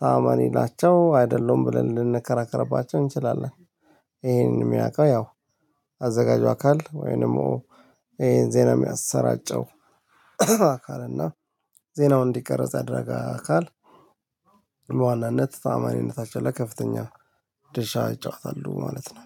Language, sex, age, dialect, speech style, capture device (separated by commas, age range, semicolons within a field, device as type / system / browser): Amharic, male, 35-44, Ethiopia - Addis Ababa, tired, mobile / Linux / Chrome